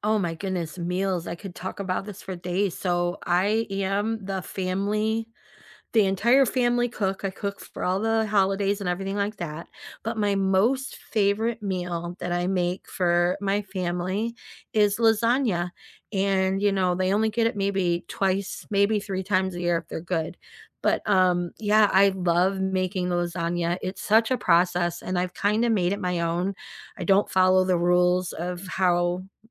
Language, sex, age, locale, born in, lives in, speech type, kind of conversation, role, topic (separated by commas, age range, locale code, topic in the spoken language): English, female, 50-54, en-US, United States, United States, conversation, unstructured, none, What meal do you love making for friends or family, and what makes it special to share?